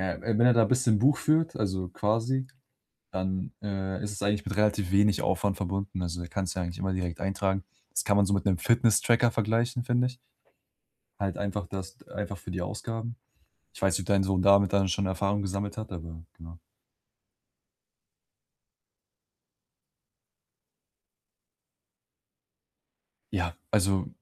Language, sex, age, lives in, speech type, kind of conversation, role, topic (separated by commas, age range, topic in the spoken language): German, male, 20-24, Germany, conversation, advice, advisor, Wie kann ich beim Umzug ein realistisches Budget planen und die Kosten im Griff behalten?